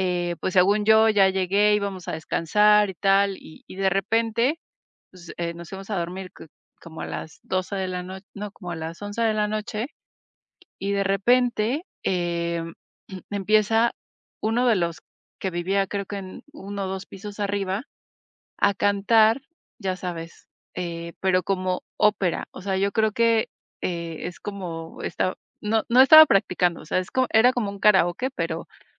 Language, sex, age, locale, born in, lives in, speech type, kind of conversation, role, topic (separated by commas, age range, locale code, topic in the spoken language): Spanish, female, 40-44, es-MX, Mexico, Mexico, conversation, advice, user, ¿Cómo afectan el ruido o tus compañeros de casa tu descanso?